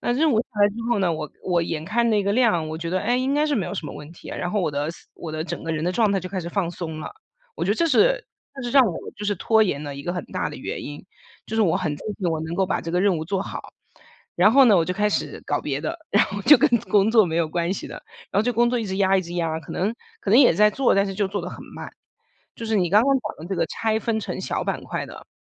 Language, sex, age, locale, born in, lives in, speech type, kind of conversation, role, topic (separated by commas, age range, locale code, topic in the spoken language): Chinese, female, 40-44, zh-CN, China, United States, conversation, advice, user, 我总是在开始复杂任务时拖延，怎样才能准备好并专注工作？